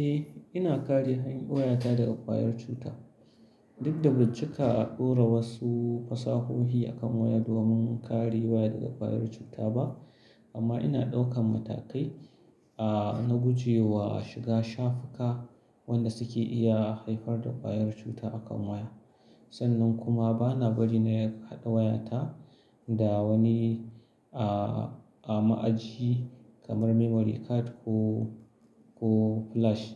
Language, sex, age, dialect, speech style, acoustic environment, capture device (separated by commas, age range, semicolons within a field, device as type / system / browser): Hausa, male, 35-44, Nigeria - Kano, relaxed, silence, mobile / Linux / Chrome